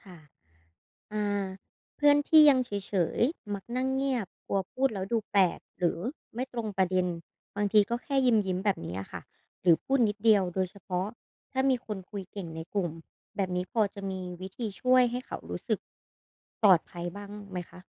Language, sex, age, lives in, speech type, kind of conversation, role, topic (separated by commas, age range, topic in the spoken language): Thai, female, 25-29, Thailand, read, expert-assistant, asker, ถ้ามีเพื่อนที่ไม่ค่อยสนใจศิลปะ เราจะชวนเขาพูดคุยหรือวิจารณ์งานศิลป์ด้วยวิธีใหม่ๆ แบบไหนได้บ้าง?